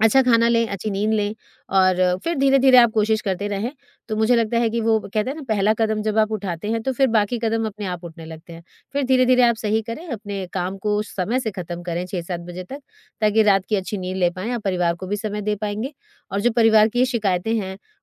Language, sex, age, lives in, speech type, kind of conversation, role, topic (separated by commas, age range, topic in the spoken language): Hindi, female, 40-44, India, conversation, advice, advisor, क्या आपका काम और आराम का संतुलन बिगड़ रहा है और आपको जलन महसूस हो रही है?